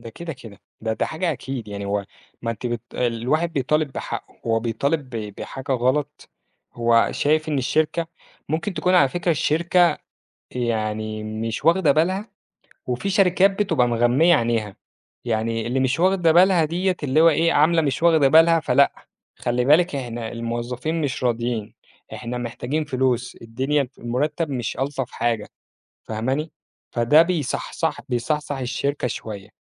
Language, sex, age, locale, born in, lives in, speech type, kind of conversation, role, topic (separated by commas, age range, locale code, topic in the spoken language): Arabic, male, 25-29, ar-EG, Egypt, Egypt, conversation, podcast, guest, بتحس إن صوت الموظفين بيوصل لإدارة شركتك؟